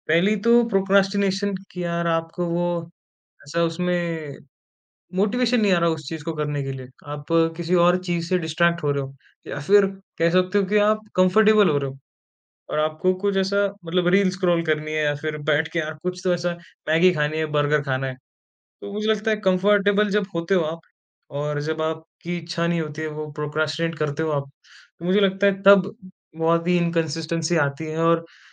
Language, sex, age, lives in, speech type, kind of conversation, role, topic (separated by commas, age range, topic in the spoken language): Hindi, male, 20-24, India, conversation, podcast, guest, Beginners ke liye kaun-si chhoti aadatein sabse zyada faaydemand hoti hain?